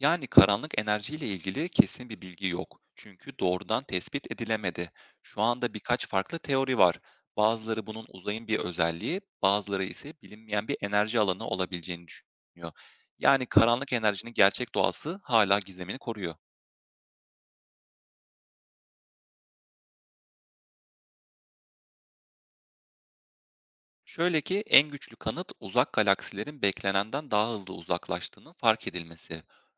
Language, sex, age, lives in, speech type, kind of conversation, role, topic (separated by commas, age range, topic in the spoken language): Turkish, male, 25-29, Germany, read, expert-assistant, expert, Evrenin genişlediğini söyleyen bilgilere nasıl güveniyoruz? Hangi kaynaklara dayanıyorlar?